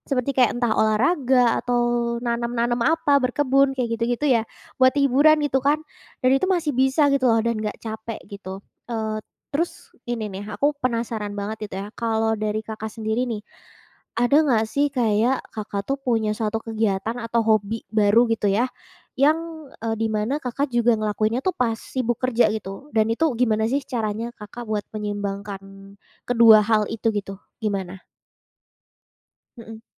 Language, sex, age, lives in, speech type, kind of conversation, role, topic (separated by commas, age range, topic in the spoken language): Indonesian, female, 20-24, Indonesia, conversation, podcast, host, Bagaimana cara menyeimbangkan hobi baru dengan tuntutan sekolah atau pekerjaan?